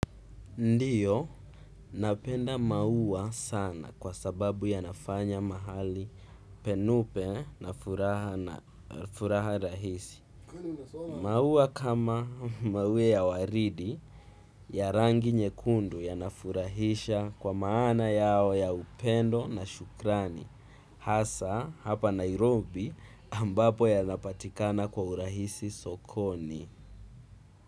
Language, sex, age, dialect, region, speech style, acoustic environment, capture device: Swahili, male, 18-24, Kenya - Mombasa, Kenya, excited, music, desktop / Windows / Chrome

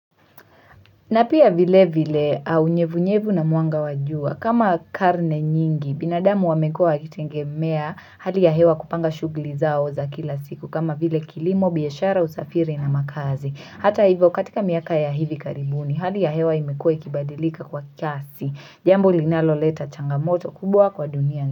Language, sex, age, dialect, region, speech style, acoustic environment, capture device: Swahili, female, 18-24, Kenya - Mombasa, Kenya, focused; relaxed, silence, mobile / Linux / Chrome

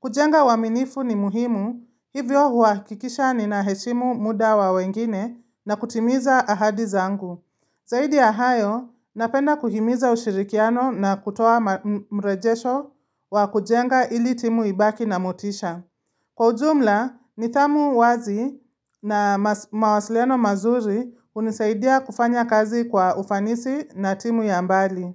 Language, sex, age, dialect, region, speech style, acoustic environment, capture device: Swahili, female, 25-34, Kenya - Nairobi Sheng-influenced, Kenya, happy; focused, silence, mobile / Linux / Chrome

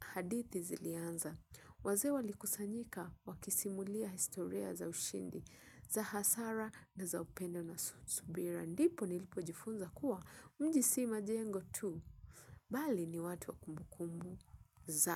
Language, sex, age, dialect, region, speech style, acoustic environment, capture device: Swahili, female, 25-34, Kenya - Mombasa, Kenya, relaxed, silence, mobile / Linux / Chrome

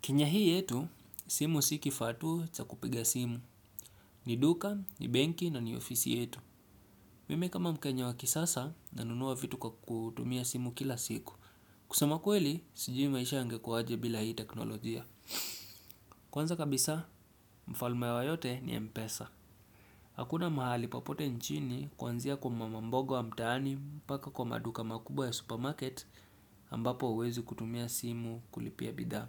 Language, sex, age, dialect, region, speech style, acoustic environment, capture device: Swahili, male, 25-34, Kenya - Nairobi Sheng-influenced, Kenya, happy; focused; relaxed, people talking; silence; appliances; music, desktop / Windows / Chrome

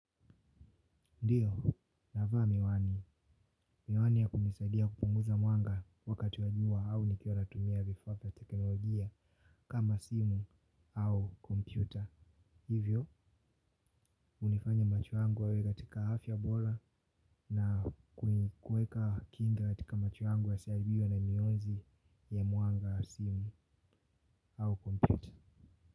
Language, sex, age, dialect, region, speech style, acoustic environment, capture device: Swahili, male, 18-24, Tanzania - Dar es Salaam, Tanzania, relaxed; happy, people talking; silence, mobile / Linux / Chrome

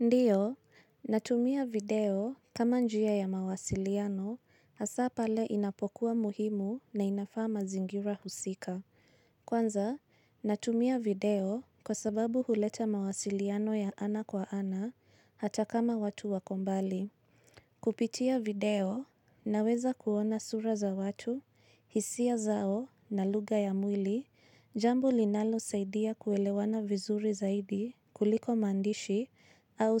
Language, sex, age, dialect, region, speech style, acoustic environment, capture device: Swahili, female, 25-34, Kenya - Nairobi Sheng-influenced, Kenya, nervous, silence, mobile / Linux / Chrome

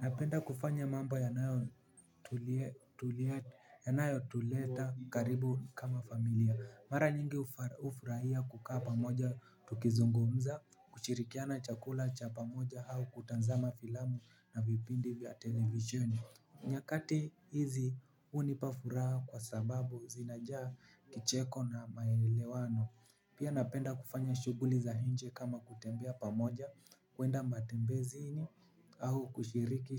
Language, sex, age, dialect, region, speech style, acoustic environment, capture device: Swahili, male, 18-24, Kenya - Nairobi Sheng-influenced, Kenya, relaxed, people talking, mobile / Linux / Chrome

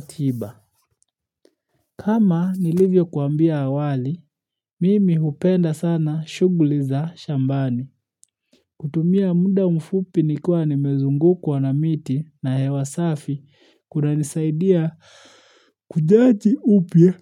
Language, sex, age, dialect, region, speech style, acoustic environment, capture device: Swahili, male, 25-34, Kenya - Nairobi Sheng-influenced, Kenya, relaxed, silence, mobile / Linux / Chrome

